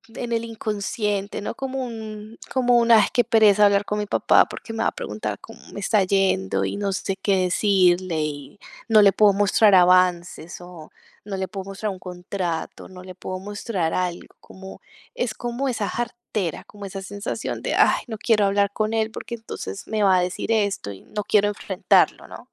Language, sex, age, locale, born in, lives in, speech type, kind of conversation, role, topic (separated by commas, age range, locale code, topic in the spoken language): Spanish, female, 35-39, es-CO, Colombia, Italy, conversation, advice, user, ¿Cómo me siento ante el miedo de decepcionar a mis padres con mis decisiones profesionales?